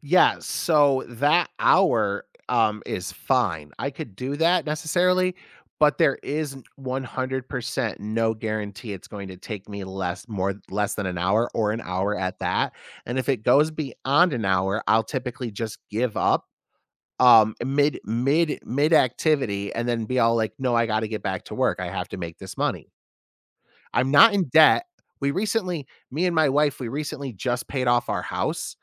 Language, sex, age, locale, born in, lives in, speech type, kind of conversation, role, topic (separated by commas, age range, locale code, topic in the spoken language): English, male, 35-39, en-US, United States, United States, conversation, advice, user, How do I know when I should take a break instead of pushing through work?